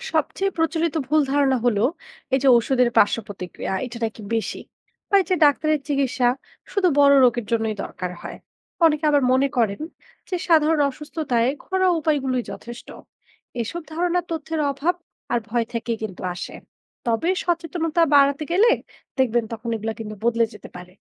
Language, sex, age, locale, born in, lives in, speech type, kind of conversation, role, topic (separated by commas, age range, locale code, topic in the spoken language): Bengali, female, 20-24, bn-BD, Bangladesh, Bangladesh, read, expert-assistant, expert, কীভাবে সমাজের বিশ্বাস ও রীতি মানুষের চিকিৎসা নেওয়ার সিদ্ধান্তকে প্রভাবিত করে?